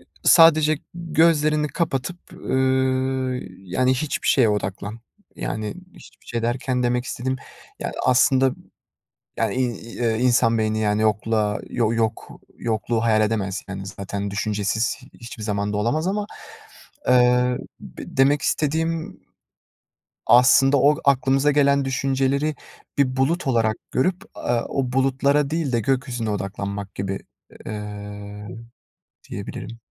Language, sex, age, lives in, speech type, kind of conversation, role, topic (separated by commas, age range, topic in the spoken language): Turkish, male, 20-24, Netherlands, conversation, podcast, guest, Stresle başa çıkmak için hangi yöntemleri kullanırsın?